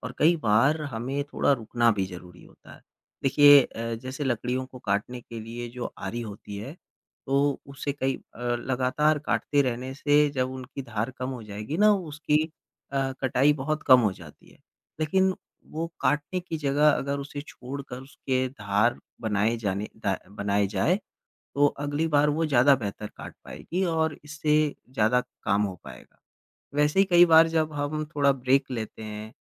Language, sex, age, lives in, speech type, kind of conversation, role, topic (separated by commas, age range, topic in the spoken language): Hindi, male, 25-29, India, conversation, podcast, guest, जब आपको प्रेरणा की कमी या रचनात्मक अवरोध महसूस होता है, तो आप उससे उबरने के लिए क्या करते हैं?